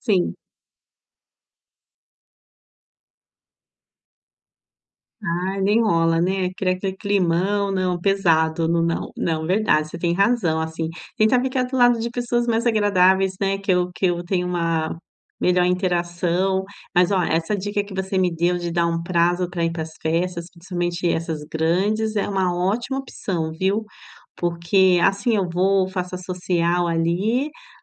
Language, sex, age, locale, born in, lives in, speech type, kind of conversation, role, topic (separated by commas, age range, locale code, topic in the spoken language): Portuguese, female, 45-49, pt-BR, Brazil, Italy, conversation, advice, user, Como posso aproveitar eventos quando estou ansioso ou cansado?